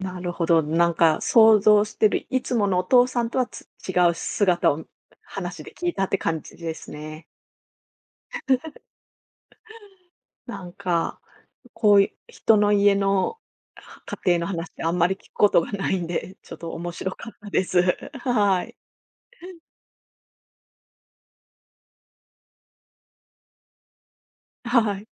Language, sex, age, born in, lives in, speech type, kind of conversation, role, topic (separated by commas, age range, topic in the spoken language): Japanese, female, 45-49, Japan, Japan, conversation, podcast, host, 子どものころに聞いた家族の昔話で、今でも覚えていることはありますか？